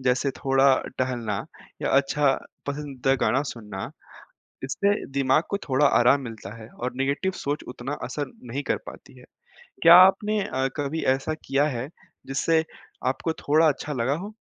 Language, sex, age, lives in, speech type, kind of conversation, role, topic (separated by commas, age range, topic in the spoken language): Hindi, male, 20-24, India, read, expert-assistant, expert, कठिन समय में धैर्य कैसे रखा जाए?